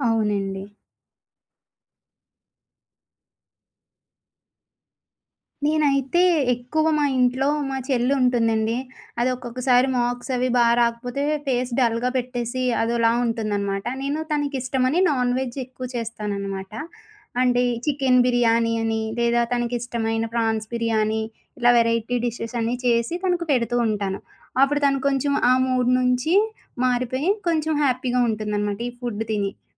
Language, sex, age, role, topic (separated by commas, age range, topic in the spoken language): Telugu, female, 25-29, guest, బాధలో ఉన్న వ్యక్తిని సంతోషపెట్టడానికి మీరు ఇంట్లో చేసిన ఏ వంటకం ఇస్తారు?